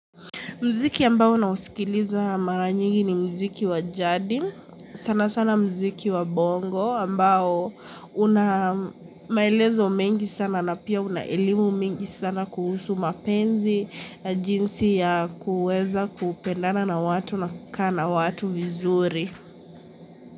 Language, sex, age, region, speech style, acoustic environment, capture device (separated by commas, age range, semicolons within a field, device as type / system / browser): Swahili, female, 18-24, Kenya, happy, people talking, mobile / Linux / Chrome